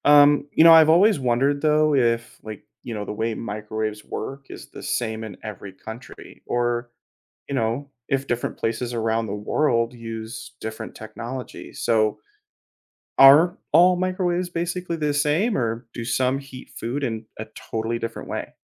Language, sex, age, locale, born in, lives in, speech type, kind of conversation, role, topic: English, male, 30-34, en-US, United States, United States, read, expert-assistant, asker, How does a microwave oven work and what affects how it heats food?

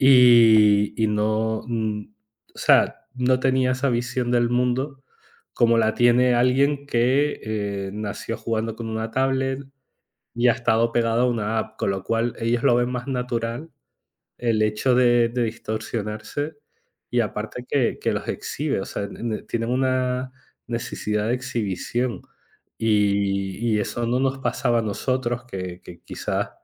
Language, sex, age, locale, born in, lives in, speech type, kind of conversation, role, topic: Spanish, male, 35-39, es-ES, Spain, Spain, conversation, unstructured, none, ¿Crees que las redes sociales ejercen demasiada presión sobre la imagen corporal?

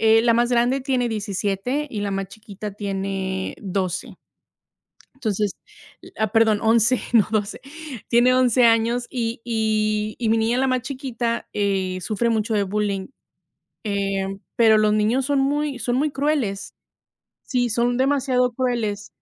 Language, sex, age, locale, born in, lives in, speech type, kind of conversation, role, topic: Spanish, female, 30-34, es-MX, Mexico, United States, conversation, unstructured, none, ¿Por qué hay tantos problemas de acoso escolar en las escuelas?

